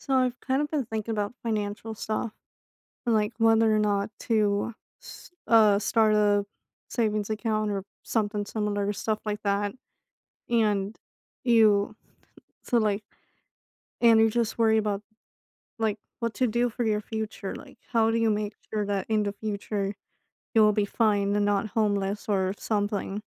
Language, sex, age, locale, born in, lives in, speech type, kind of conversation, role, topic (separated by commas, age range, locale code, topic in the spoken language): English, female, 25-29, en-US, United States, United States, conversation, advice, user, How can I manage stress from money worries?